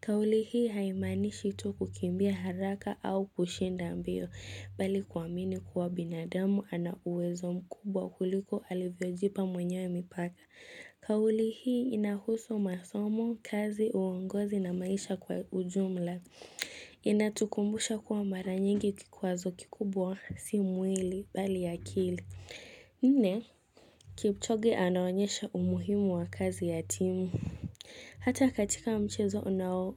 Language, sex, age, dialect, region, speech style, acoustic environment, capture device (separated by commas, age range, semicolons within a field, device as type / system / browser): Swahili, female, 18-24, Kenya - Mombasa, Kenya, relaxed, nature, mobile / Linux / Chrome